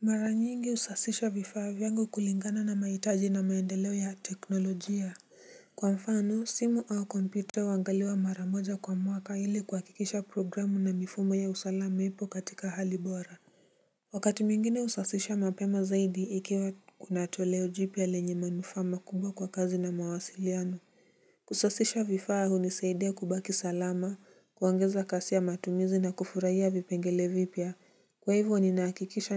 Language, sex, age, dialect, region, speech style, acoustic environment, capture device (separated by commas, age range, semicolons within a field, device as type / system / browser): Swahili, male, 18-24, Kenya - Nairobi Sheng-influenced, Kenya, relaxed, silence, mobile / Linux / Chrome